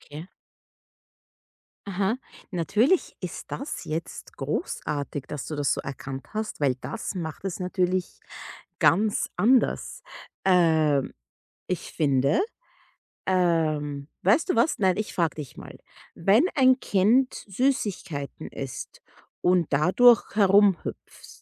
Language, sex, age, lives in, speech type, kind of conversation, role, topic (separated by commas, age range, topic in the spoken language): German, female, 30-34, United States, conversation, advice, advisor, Woran erkenne ich frühe Warnsignale von Überlastung?